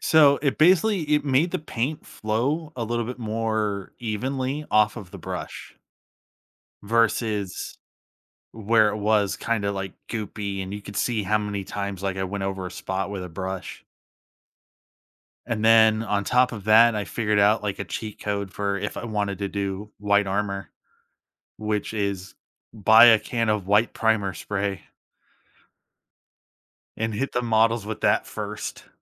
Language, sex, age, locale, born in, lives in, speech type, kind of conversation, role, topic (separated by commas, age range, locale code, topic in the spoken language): English, male, 30-34, en-US, United States, United States, conversation, podcast, guest, How has your passion for a hobby shaped your life and experiences?